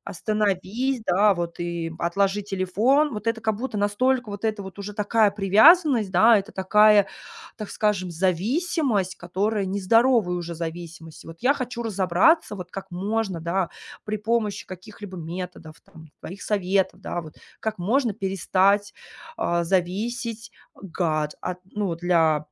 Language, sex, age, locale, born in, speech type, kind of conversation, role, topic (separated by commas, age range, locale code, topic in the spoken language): Russian, female, 30-34, ru-RU, Russia, conversation, advice, user, Как перестать отвлекаться на телефон и лучше отдыхать?